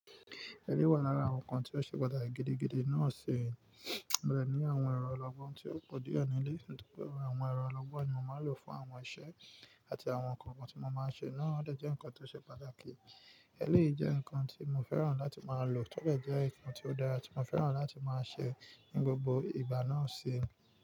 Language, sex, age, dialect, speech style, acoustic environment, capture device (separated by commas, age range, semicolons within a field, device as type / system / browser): Yoruba, male, 25-34, Nigeria - Nigerian Standard, focused, nature, mobile / Linux / Chrome